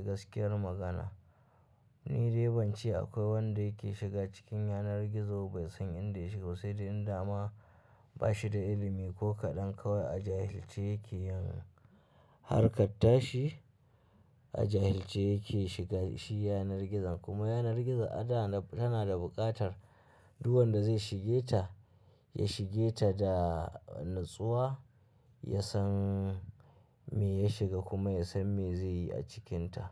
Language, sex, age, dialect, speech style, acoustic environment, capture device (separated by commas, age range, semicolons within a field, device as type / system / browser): Hausa, male, 25-34, Nigeria - Kano, happy, people talking, mobile / Linux / Chrome